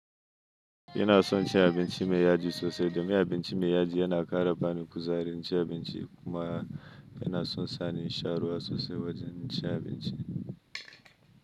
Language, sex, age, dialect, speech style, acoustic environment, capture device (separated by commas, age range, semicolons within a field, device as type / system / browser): Hausa, male, 25-34, Nigeria - Kano, happy, nature, mobile / Linux / Chrome